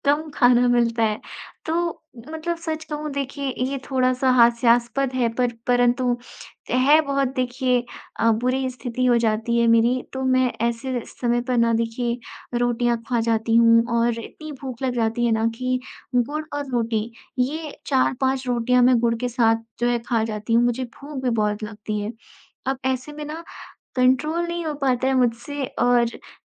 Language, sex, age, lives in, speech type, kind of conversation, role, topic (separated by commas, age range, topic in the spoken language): Hindi, female, 20-24, India, conversation, advice, user, मैं बार-बार स्वस्थ खान-पान की आदतें बनाने में असफल हो जाता/जाती हूँ और हतोत्साहित महसूस करता/करती हूँ—मैं इससे कैसे उबरूँ?